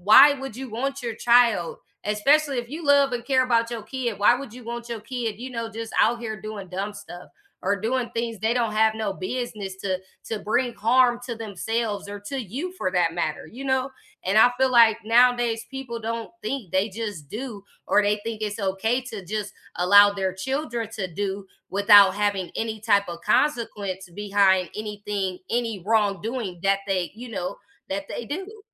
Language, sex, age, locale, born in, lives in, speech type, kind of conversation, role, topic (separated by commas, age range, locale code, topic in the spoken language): English, female, 30-34, en-US, United States, United States, conversation, unstructured, none, What is one thing that always makes you smile?